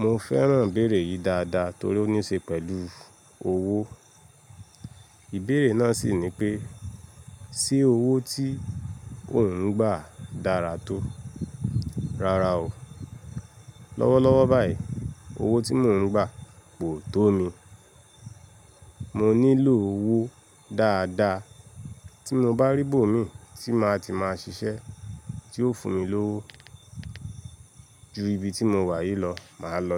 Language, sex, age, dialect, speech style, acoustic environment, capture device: Yoruba, male, 25-34, Nigeria - Lagos Yoruba, relaxed, nature, mobile / Linux / Chrome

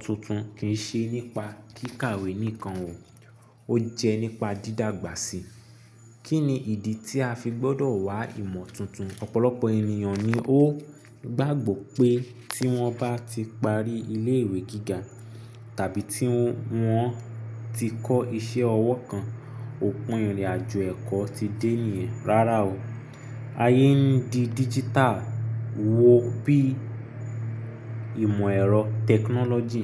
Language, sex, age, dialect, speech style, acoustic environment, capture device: Yoruba, male, 25-34, Nigeria - Nigerian Standard, focused, nature, mobile / Linux / Chrome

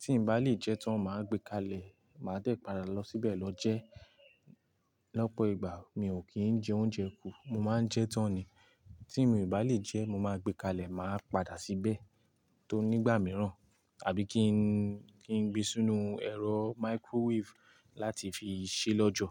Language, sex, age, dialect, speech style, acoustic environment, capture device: Yoruba, male, 18-24, Nigeria - Ibadan, relaxed; happy, silence, mobile / Linux / Chrome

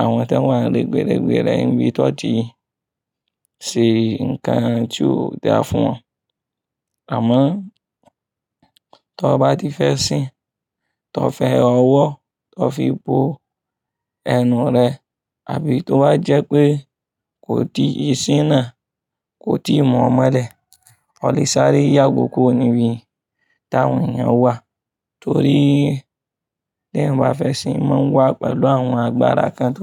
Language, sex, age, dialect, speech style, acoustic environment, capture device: Yoruba, male, 25-34, Nigeria - Kano, focused, people talking; traffic; appliances, mobile / Linux / Chrome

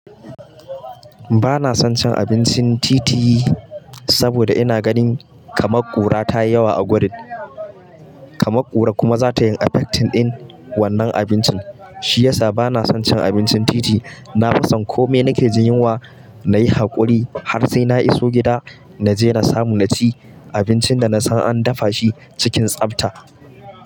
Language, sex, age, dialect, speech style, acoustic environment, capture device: Hausa, male, 25-34, Nigeria - Kano, happy, people talking, mobile / Linux / Chrome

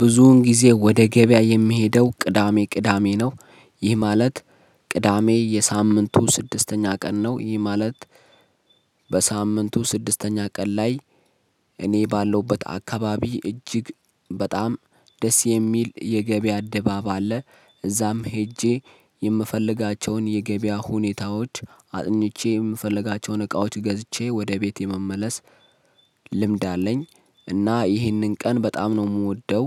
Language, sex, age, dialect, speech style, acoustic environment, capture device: Amharic, male, 25-34, Ethiopia - Addis Ababa, focused, silence, mobile / Linux / Chrome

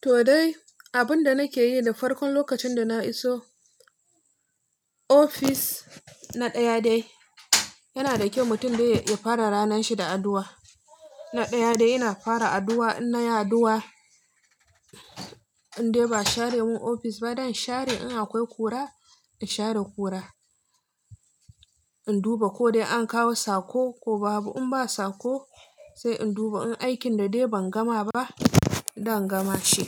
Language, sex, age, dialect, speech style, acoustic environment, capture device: Hausa, female, 25-34, Nigeria - Nigerian Standard, relaxed, silence, mobile / Linux / Chrome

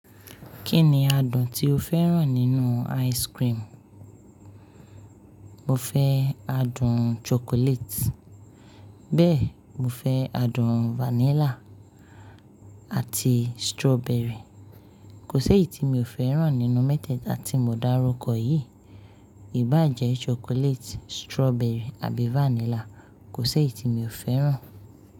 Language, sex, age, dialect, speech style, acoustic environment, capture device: Yoruba, female, 25-34, Nigeria - Lagos Yoruba, happy; focused, appliances, mobile / Linux / Chrome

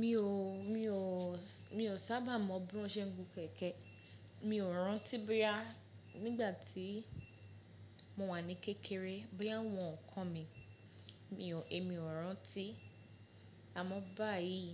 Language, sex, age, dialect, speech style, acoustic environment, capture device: Yoruba, female, 25-34, Nigeria - Lagos Yoruba, happy; focused, construction, mobile / Linux / Chrome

